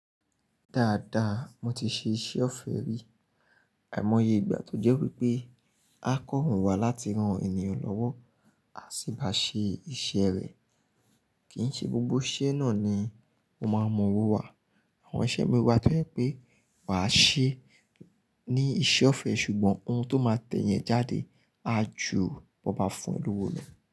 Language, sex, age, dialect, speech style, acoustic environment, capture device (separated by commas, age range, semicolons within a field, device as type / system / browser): Yoruba, male, 25-34, Nigeria - Nigerian Standard, happy, silence, mobile / Linux / Chrome